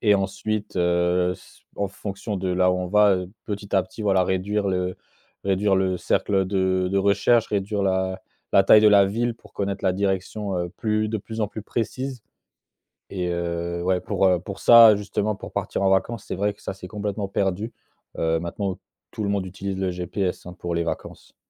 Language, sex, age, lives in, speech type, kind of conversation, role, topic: French, male, 25-29, France, conversation, podcast, guest, Quel conseil donnerais-tu pour s’orienter sans GPS ?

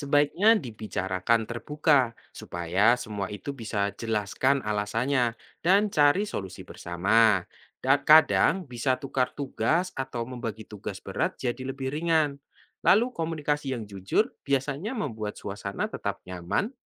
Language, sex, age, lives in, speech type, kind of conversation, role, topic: Indonesian, male, 25-29, Indonesia, read, expert-assistant, expert, Bagaimana cara membagi tugas dan mencairkan suasana saat camping bersama kantor?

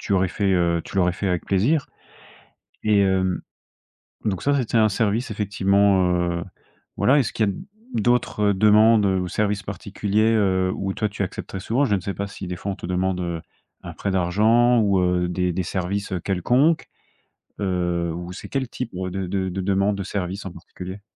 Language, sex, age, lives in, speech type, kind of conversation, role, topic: French, male, 25-29, France, conversation, advice, advisor, Comment puis-je arrêter de dire oui à trop de demandes alors que je veux poser des limites ?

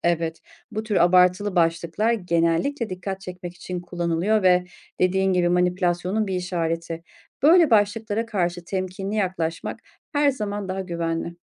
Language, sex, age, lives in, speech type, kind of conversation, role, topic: Turkish, female, 40-44, Germany, read, expert-assistant, expert, Sosyal medyada paylaşılan haberlerle geleneksel gazetelerde çıkan haberler arasında hangisine daha çok güveniyorsunuz ve neden?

